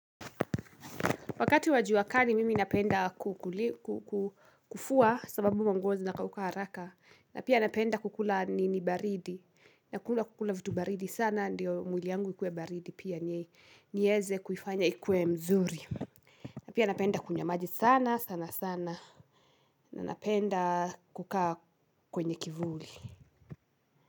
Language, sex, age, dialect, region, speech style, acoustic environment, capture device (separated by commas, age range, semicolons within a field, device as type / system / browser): Swahili, female, 18-24, Kenya - Kiambu, Kenya, happy, nature, mobile / Linux / Chrome